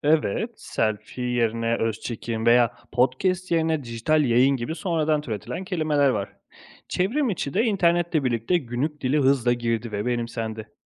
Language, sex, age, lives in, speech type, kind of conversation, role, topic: Turkish, male, 30-34, Italy, read, expert-assistant, expert, Türkçede yeni ve anlamlı kelimeler nasıl türetilebilir?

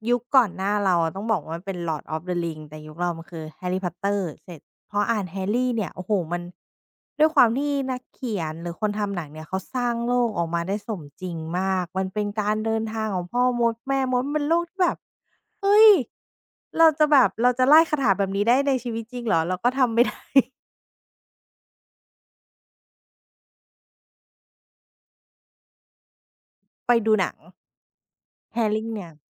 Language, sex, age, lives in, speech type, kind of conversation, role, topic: Thai, female, 30-34, Thailand, conversation, podcast, guest, หนังแฟนตาซีทำให้เราหนีความจริงได้อย่างไร?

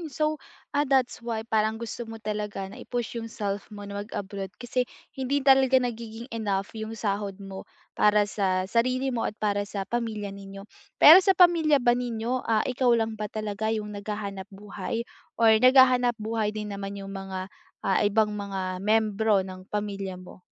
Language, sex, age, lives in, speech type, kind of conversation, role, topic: Filipino, female, 20-24, Philippines, conversation, advice, advisor, Paano ako magpapasiya kapag iba ang plano ko kaysa sa inaasahan ng pamilya?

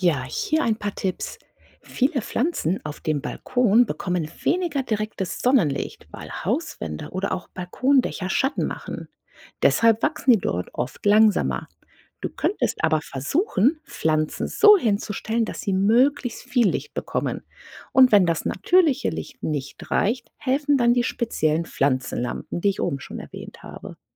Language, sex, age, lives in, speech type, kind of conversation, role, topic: German, female, 40-44, United States, read, expert-assistant, expert, Warum brauchen Pflanzen Licht zum Wachsen?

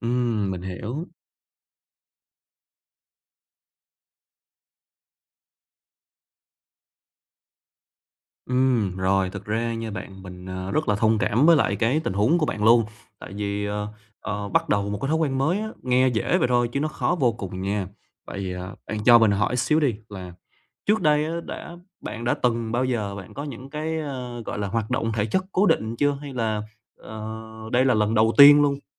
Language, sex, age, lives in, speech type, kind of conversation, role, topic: Vietnamese, male, 25-29, Vietnam, conversation, advice, advisor, Vì sao bạn khó bắt đầu thói quen tập thể dục?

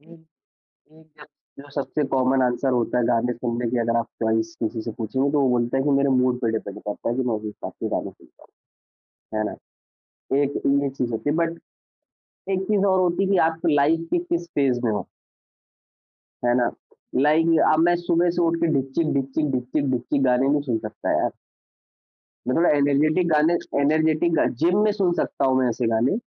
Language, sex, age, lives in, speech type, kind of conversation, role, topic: Hindi, male, 25-29, India, conversation, podcast, guest, Tum apne emotions ko creatively kaise express karte ho?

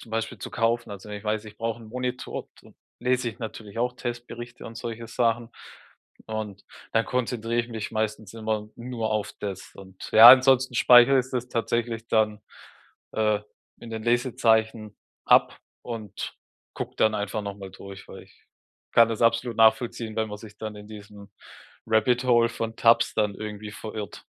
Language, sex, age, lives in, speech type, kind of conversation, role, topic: German, male, 35-39, Germany, conversation, advice, advisor, Wie kann ich meine Konzentration im Alltag dauerhaft verbessern?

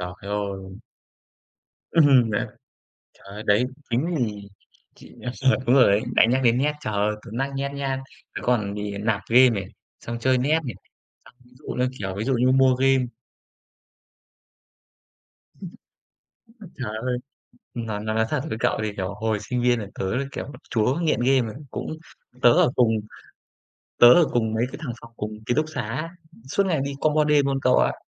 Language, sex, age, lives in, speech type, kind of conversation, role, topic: Vietnamese, male, 25-29, Vietnam, conversation, unstructured, none, Bạn làm thế nào để quản lý chi tiêu khi tiền không đủ?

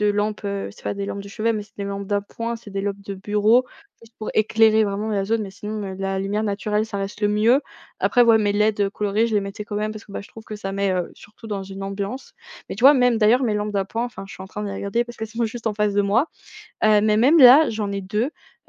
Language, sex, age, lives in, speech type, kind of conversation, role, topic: French, female, 20-24, France, conversation, podcast, guest, Comment l’éclairage peut-il transformer l’atmosphère de ta maison ?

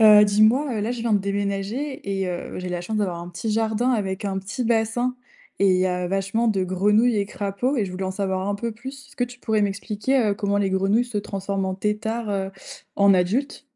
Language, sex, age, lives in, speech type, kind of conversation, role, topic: French, female, 20-24, France, read, expert-assistant, asker, Comment les grenouilles passent-elles de têtard à adulte ?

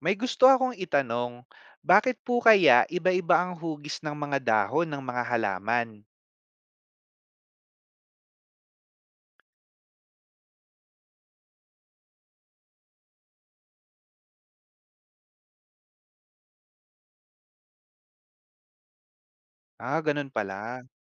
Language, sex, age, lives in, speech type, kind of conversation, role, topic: Filipino, male, 30-34, Philippines, read, expert-assistant, asker, Bakit may iba't ibang hugis ang mga dahon?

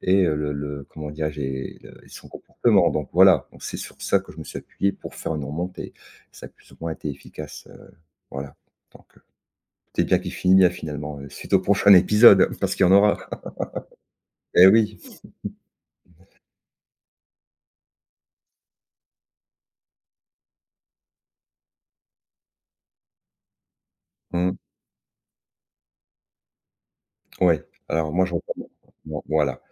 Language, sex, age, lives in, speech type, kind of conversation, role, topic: French, male, 50-54, France, conversation, podcast, guest, Comment gères-tu le stress et le burn-out au travail ?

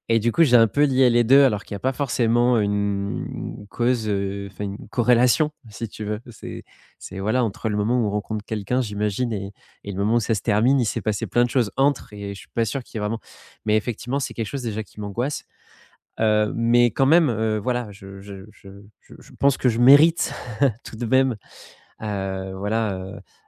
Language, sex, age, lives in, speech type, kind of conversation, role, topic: French, male, 30-34, France, conversation, advice, user, Comment gérez-vous votre crainte du rejet dans les rencontres et les relations amoureuses ?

